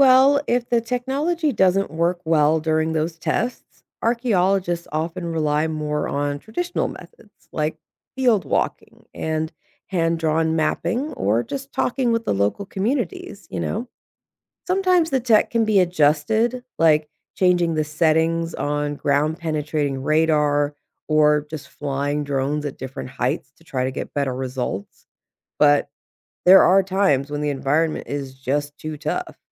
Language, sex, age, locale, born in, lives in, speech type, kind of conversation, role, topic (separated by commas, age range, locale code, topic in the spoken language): English, female, 35-39, en-US, United States, United States, read, expert-assistant, expert, What new discoveries or technologies could change how we study ancient human societies?